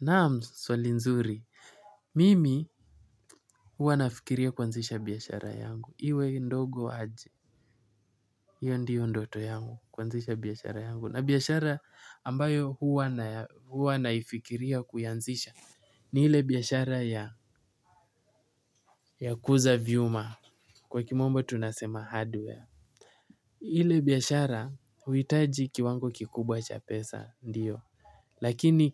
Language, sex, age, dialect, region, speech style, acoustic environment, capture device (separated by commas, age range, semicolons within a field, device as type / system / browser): Swahili, male, 18-24, Kenya - Mombasa, Kenya, happy; focused; anxious, people talking; appliances, mobile / Linux / Chrome